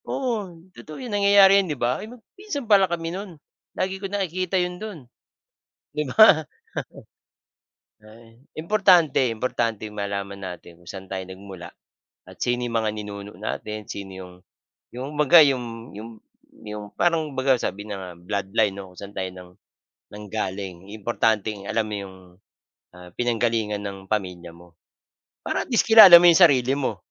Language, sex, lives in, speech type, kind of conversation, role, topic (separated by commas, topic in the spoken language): Filipino, male, Philippines, conversation, unstructured, none, Paano mo ilalarawan ang komunidad kung saan ka lumaki?